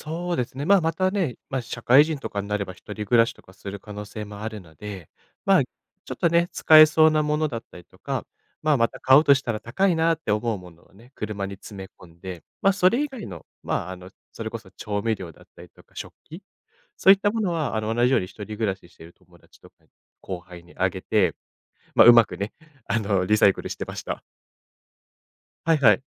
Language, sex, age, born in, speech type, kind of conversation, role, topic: Japanese, male, 25-29, Japan, conversation, podcast, guest, 初めて一人暮らしを始めたときの話を聞かせてくれますか？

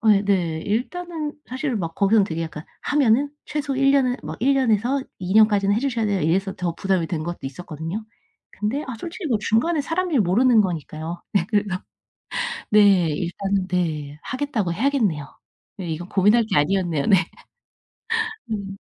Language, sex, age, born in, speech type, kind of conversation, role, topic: Korean, female, 35-39, South Korea, conversation, advice, user, 불확실한 상황에서 중요한 삶의 결정을 어떻게 내리는 것이 좋을까요?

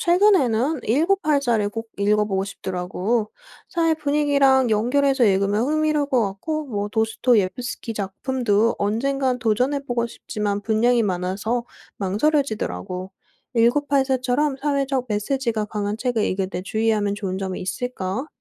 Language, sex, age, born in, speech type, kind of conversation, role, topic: Korean, female, 30-34, South Korea, read, expert-assistant, asker, 고전 문학을 꾸준히 읽고 싶은데, 현실적으로 어떻게 계획을 세우면 좋을까요?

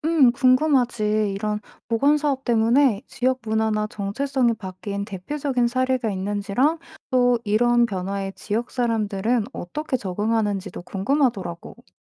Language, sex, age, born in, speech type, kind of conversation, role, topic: Korean, female, 25-29, South Korea, read, expert-assistant, asker, 만약 인공 고기가 축산업을 완전히 대체한다면, 농촌과 축산업에 어떤 변화가 일어날까요?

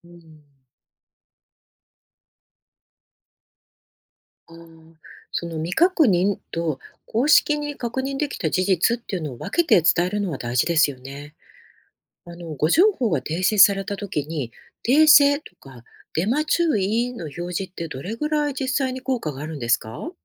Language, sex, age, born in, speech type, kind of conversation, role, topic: Japanese, female, 50-54, Japan, read, expert-assistant, asker, 速報性と正確性、報道でどちらを重視すべきでしょうか？そのバランスについてどう考えますか？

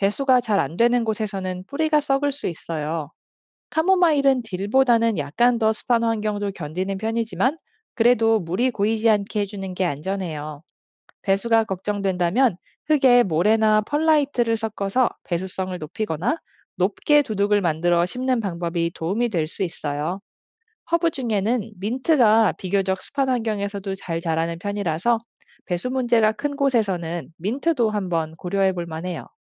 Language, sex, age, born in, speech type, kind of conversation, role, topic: Korean, female, 35-39, South Korea, read, expert-assistant, expert, 가정에서 안전하게 해충을 방제하고 키우기 쉬운 허브는 무엇인가요?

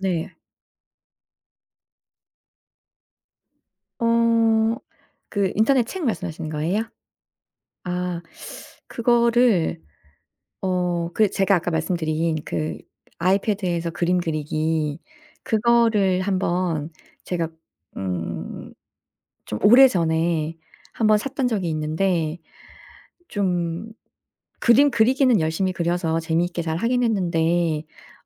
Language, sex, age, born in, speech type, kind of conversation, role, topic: Korean, female, 45-49, South Korea, conversation, podcast, guest, 한 달 안에 현실적으로 시작할 수 있는 첫걸음은 무엇인가요?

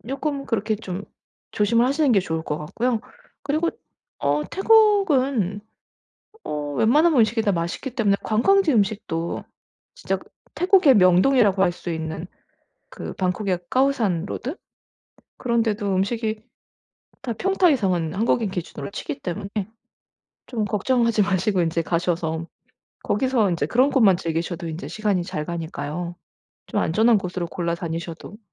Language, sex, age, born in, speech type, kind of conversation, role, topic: Korean, female, 40-44, United States, conversation, advice, advisor, 낯선 장소에서 혼자 이동할 때 안전을 지키려면 어떻게 해야 하나요?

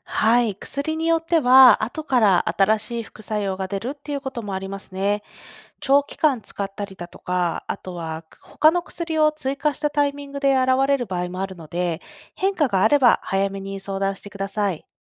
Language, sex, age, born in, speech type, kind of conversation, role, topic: Japanese, female, 30-34, Japan, read, expert-assistant, expert, 『副作用』ってどういう意味？なぜ医師や薬剤師が説明するの？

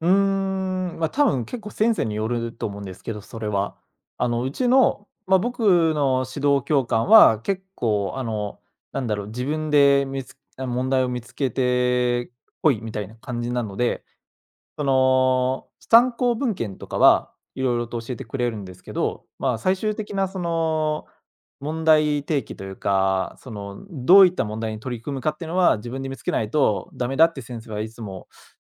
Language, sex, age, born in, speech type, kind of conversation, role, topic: Japanese, male, 25-29, Japan, conversation, podcast, guest, 大きな壁をどうやって乗り越えましたか？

